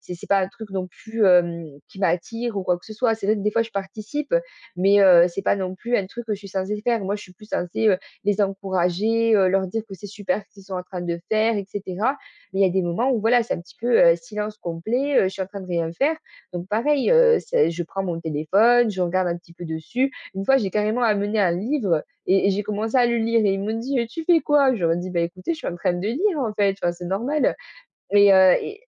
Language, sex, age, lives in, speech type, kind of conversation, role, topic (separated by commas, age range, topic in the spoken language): French, female, 20-24, France, conversation, advice, user, Comment puis-je tolérer l’inconfort pour retrouver ma concentration au travail quand je m’ennuie ?